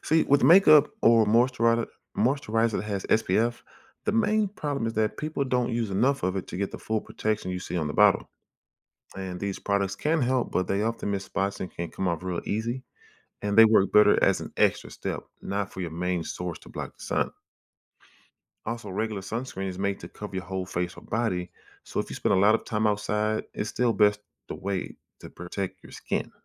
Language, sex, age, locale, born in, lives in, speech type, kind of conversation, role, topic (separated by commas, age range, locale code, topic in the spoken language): English, male, 40-44, en-US, United States, United States, read, expert-assistant, expert, How does sunscreen protect your skin from the sun?